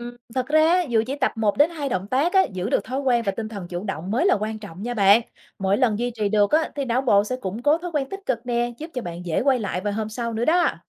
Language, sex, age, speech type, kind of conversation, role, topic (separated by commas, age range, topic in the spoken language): Vietnamese, female, 35-39, read, expert-assistant, expert, Làm thế nào để xây dựng và duy trì thói quen tập luyện sức mạnh hiệu quả khi lịch trình bận rộn?